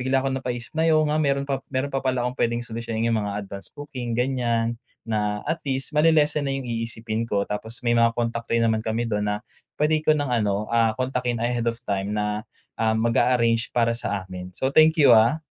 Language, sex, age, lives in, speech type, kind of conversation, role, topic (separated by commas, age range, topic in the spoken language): Filipino, male, 25-29, Philippines, conversation, advice, user, Paano ako magpaplano ng stress-free at masayang bakasyon?